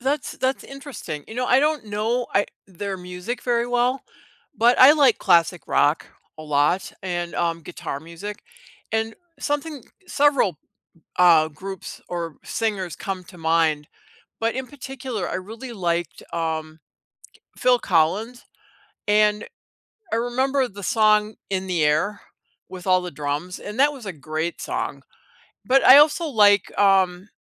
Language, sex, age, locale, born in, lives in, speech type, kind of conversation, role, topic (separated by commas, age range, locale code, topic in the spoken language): English, female, 60-64, en-US, United States, United States, conversation, unstructured, none, Which song or playlist best reflects how you feel right now, and why does it resonate with you?